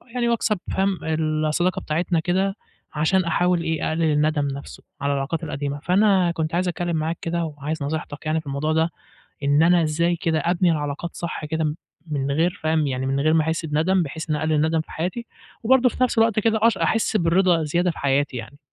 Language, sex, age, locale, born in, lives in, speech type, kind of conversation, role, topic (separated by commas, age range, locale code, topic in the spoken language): Arabic, male, 20-24, ar-EG, Egypt, Egypt, conversation, advice, user, إزاي أبني علاقات تقلل الندم وتزود الرضا في حياتي؟